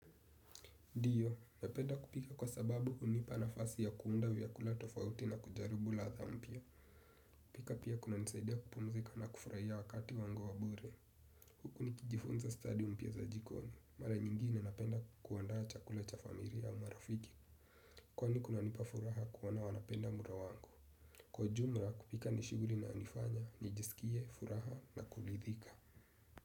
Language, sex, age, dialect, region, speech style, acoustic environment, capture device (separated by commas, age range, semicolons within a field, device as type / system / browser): Swahili, male, 18-24, Kenya - Nairobi Sheng-influenced, Kenya, happy, silence, desktop / Windows / Chrome